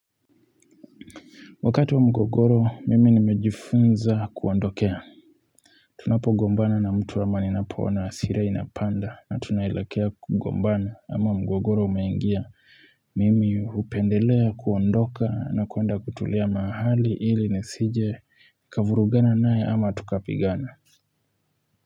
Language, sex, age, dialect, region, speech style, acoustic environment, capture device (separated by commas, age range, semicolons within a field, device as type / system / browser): Swahili, male, 25-34, Kenya - Nairobi Sheng-influenced, Kenya, relaxed, silence, mobile / Linux / Chrome